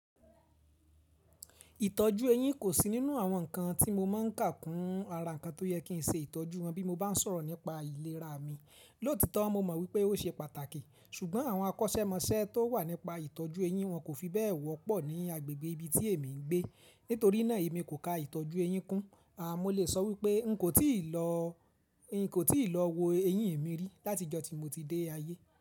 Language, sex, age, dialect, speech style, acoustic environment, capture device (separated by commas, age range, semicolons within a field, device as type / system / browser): Yoruba, male, 25-34, Nigeria - Ibadan, relaxed, silence, mobile / Linux / Chrome